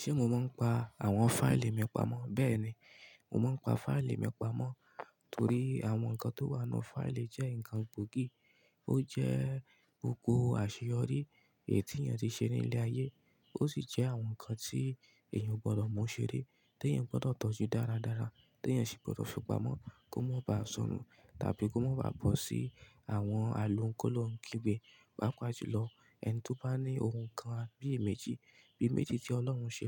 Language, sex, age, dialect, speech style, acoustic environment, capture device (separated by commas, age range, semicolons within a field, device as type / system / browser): Yoruba, male, 25-34, Nigeria - Ibadan, focused, nature, mobile / Linux / Chrome